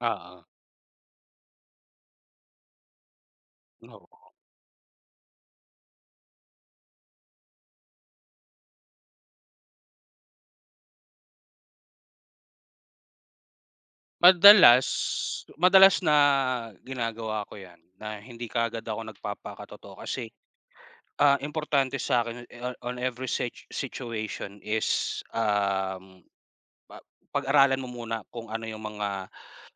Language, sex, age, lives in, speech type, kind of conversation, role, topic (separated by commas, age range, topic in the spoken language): Filipino, male, 30-34, Philippines, conversation, unstructured, none, Paano mo ipinapakita ang tunay mong sarili sa ibang tao?